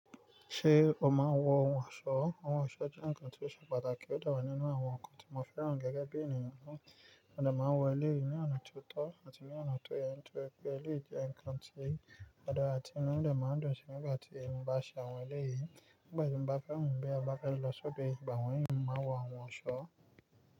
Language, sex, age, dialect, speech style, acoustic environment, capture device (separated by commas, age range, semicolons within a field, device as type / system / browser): Yoruba, male, 25-34, Nigeria - Nigerian Standard, focused, nature, mobile / Linux / Chrome